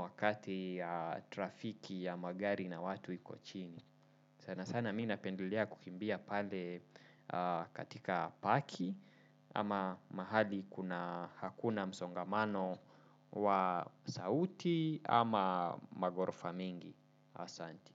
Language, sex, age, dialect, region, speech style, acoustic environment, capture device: Swahili, male, 25-34, Kenya - Nairobi Sheng-influenced, Kenya, focused, nature, desktop / Windows / Chrome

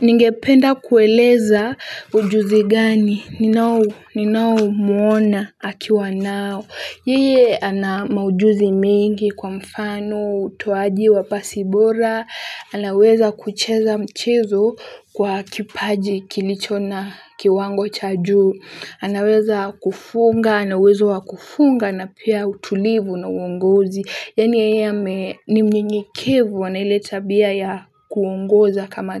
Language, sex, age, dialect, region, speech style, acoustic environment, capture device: Swahili, female, 18-24, Kenya - Mombasa, Kenya, happy, silence, mobile / Linux / Chrome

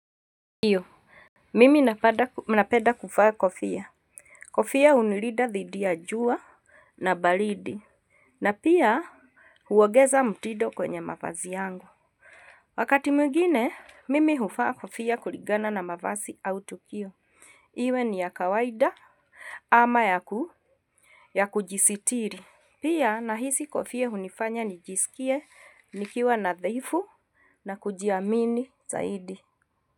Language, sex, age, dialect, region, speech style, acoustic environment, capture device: Swahili, female, 45-54, Kenya - Nairobi Sheng-influenced, Kenya, relaxed, silence, mobile / Linux / Chrome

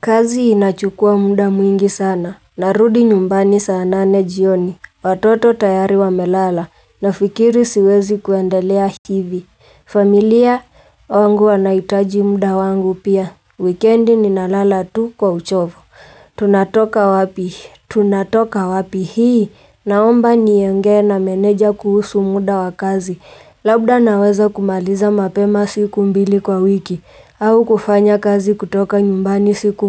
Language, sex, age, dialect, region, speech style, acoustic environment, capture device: Swahili, female, 18-24, Kenya - Nairobi Sheng-influenced, Kenya, relaxed, nature, mobile / Linux / Chrome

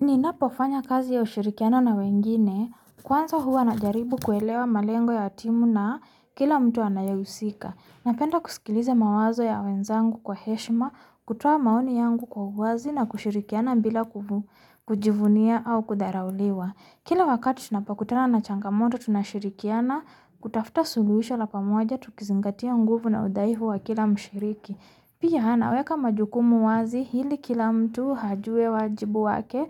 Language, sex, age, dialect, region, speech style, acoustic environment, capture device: Swahili, female, 25-34, Kenya - Nairobi Sheng-influenced, Kenya, tired, nature, mobile / Linux / Chrome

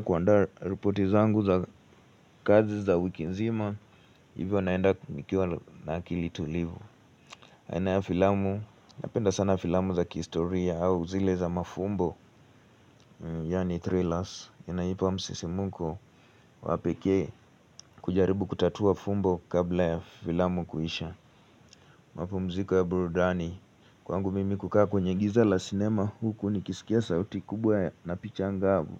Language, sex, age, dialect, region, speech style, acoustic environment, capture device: Swahili, male, 18-24, Kenya - Nairobi Sheng-influenced, Kenya, relaxed; focused, silence, mobile / Linux / Chrome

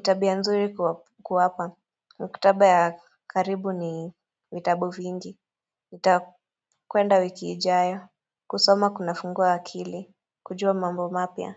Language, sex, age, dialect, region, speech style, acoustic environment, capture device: Swahili, female, 25-34, Kenya - Nairobi Sheng-influenced, Kenya, relaxed, silence, mobile / Android / Chrome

